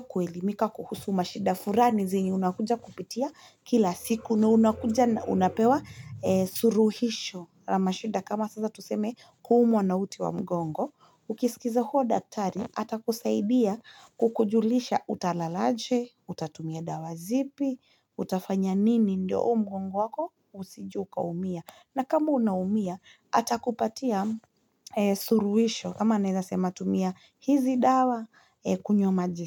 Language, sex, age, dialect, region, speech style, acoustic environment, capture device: Swahili, female, 25-34, Kenya - Nairobi Sheng-influenced, Kenya, relaxed; focused, silence, mobile / Linux / Chrome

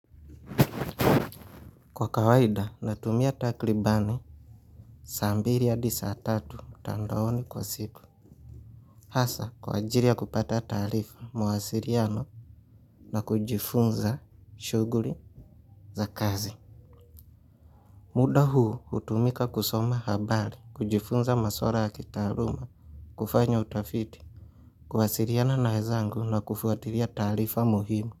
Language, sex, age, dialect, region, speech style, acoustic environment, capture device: Swahili, male, 25-34, Kenya - Mombasa, Kenya, relaxed, silence, mobile / Linux / Chrome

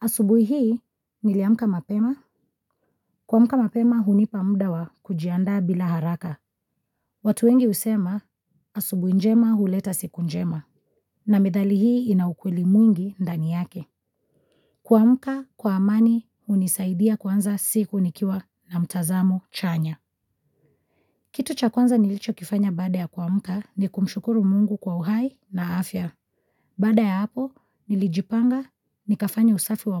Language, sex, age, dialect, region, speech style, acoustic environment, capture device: Swahili, female, 25-34, Kenya - Mombasa, Kenya, focused, silence, mobile / Linux / Chrome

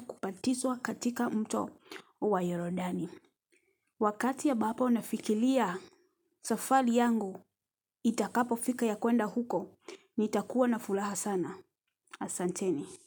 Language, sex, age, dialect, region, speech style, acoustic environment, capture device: Swahili, female, 35-44, Kenya - Nairobi Sheng-influenced, Kenya, relaxed; happy, silence, mobile / Linux / Chrome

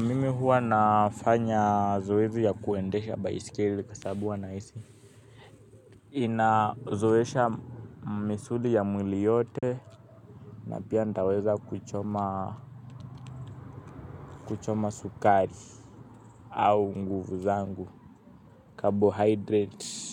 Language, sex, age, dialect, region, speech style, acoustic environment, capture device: Swahili, male, 18-24, Kenya - Nairobi Sheng-influenced, Kenya, happy, people talking; traffic, mobile / Linux / Chrome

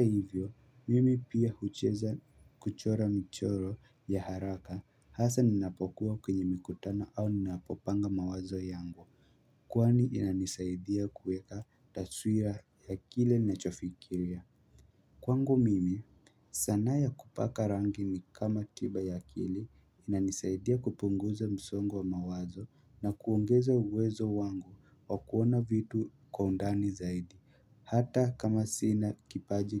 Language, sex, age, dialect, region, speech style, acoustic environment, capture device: Swahili, male, 18-24, Kenya - Nairobi Sheng-influenced, Kenya, happy, silence, mobile / Linux / Chrome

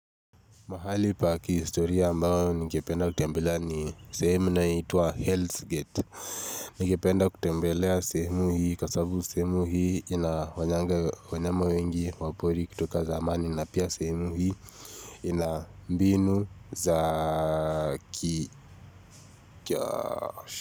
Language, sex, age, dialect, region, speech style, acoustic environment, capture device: Swahili, male, 18-24, Kenya - Nairobi Sheng-influenced, Kenya, relaxed; focused; tired, nature; silence, mobile / Linux / Chrome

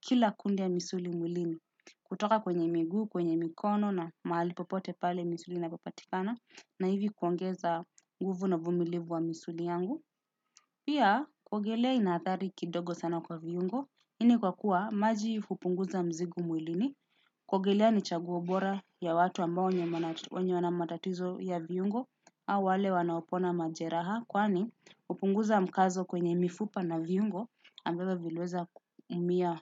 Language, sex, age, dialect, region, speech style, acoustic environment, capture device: Swahili, male, 18-24, Kenya - Nairobi Sheng-influenced, Kenya, relaxed, silence, desktop / Windows / Chrome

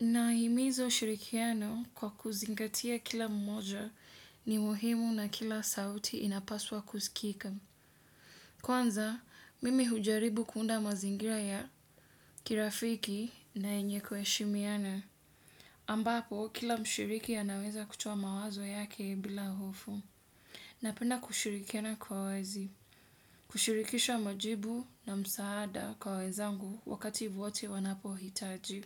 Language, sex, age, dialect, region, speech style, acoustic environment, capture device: Swahili, female, 25-34, Kenya - Mombasa, Kenya, relaxed, nature, desktop / Windows / Edge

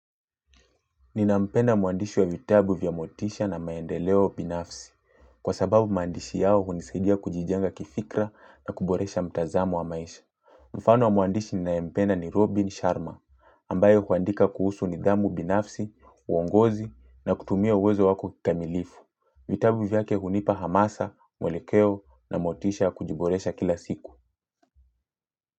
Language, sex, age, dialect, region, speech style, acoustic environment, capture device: Swahili, male, 25-34, Kenya - Mombasa, Kenya, happy; relaxed; focused, silence, mobile / Linux / Chrome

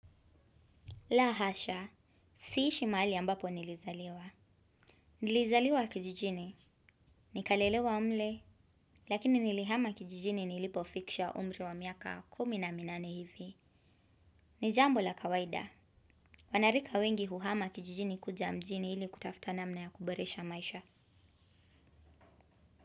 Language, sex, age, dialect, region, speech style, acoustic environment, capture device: Swahili, female, 25-34, Kenya - Mombasa, Kenya, happy; nervous, silence, desktop / Windows / Chrome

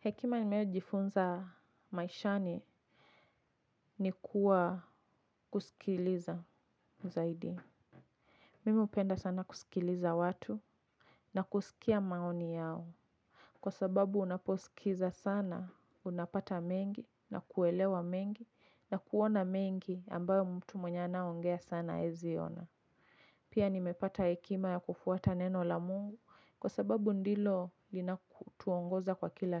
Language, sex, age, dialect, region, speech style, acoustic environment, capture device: Swahili, female, 25-34, Kenya - Nairobi Sheng-influenced, Kenya, relaxed, nature, mobile / Linux / Chrome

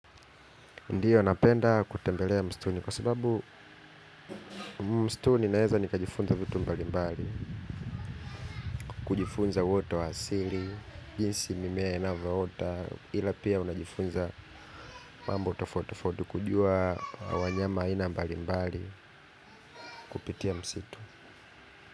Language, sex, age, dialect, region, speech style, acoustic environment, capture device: Swahili, male, 25-34, Kenya - Nairobi Sheng-influenced, Kenya, happy, people talking; traffic; construction; nature, mobile / Linux / Chrome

